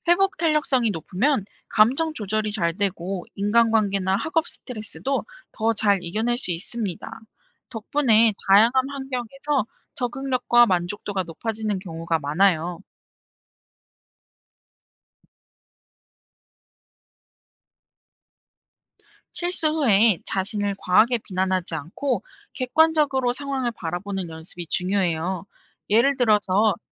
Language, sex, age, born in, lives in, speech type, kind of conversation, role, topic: Korean, female, 25-29, South Korea, South Korea, read, expert-assistant, expert, 가축을 키우는 일이 우리 삶에 어떤 의미를 줄 수 있을까요?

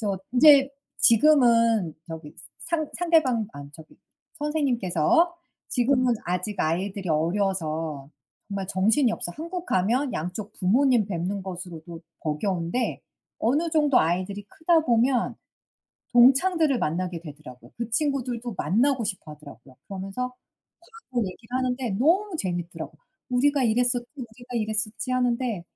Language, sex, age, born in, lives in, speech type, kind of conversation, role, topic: Korean, female, 45-49, United States, United States, conversation, unstructured, none, 친구와 함께한 추억 중 가장 재미있었던 일은 무엇인가요?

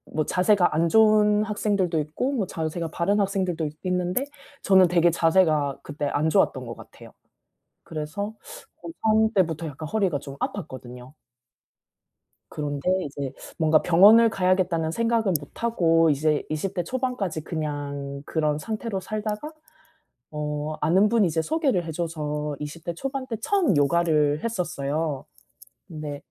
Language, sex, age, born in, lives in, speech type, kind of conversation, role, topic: Korean, female, 35-39, South Korea, South Korea, conversation, advice, user, 신체 기능 변화에 정서적으로 어떻게 적응해야 하나요?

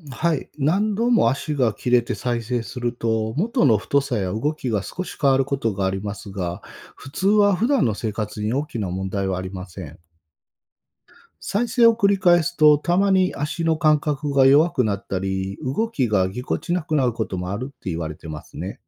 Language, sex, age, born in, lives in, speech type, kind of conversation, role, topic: Japanese, male, 45-49, Japan, Japan, read, expert-assistant, expert, なぜタコには八本の足があるのでしょうか？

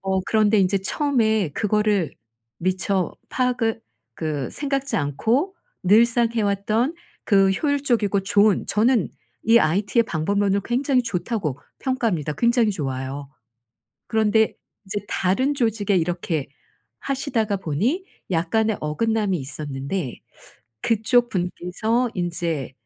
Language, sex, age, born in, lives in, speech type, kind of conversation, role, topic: Korean, female, 55-59, South Korea, South Korea, conversation, advice, advisor, 피드백을 더 열린 마음으로 잘 받아들이려면 어떻게 해야 하나요?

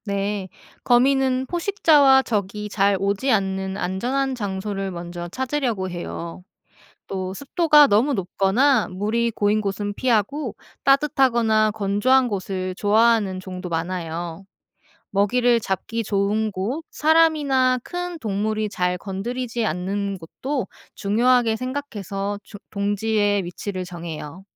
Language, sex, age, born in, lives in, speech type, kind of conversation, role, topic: Korean, female, 30-34, South Korea, South Korea, read, expert-assistant, expert, 왜 거미줄은 끈적거릴까요?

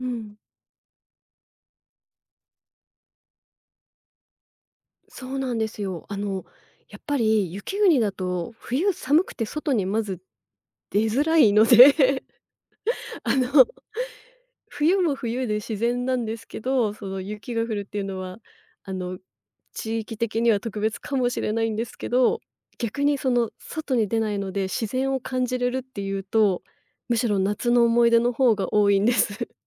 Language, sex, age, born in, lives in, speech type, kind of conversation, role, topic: Japanese, female, 35-39, Japan, Japan, conversation, podcast, guest, あなたが一番好きな季節の自然は何で、どうして好きなのですか？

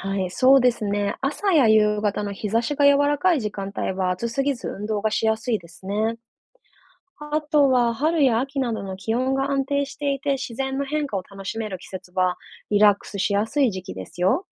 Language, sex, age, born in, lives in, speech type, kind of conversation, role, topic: Japanese, female, 30-34, Japan, Japan, read, expert-assistant, expert, なぜ運動した後に気分がすっきりするのでしょうか？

